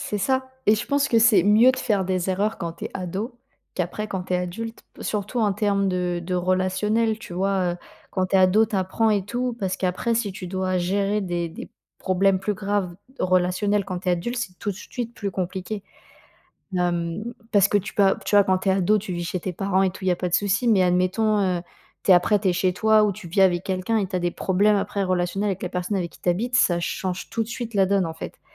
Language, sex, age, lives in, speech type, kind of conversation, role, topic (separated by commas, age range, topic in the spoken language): French, female, 20-24, France, conversation, podcast, guest, Quel conseil donnerais-tu à toi-même à 15 ans ?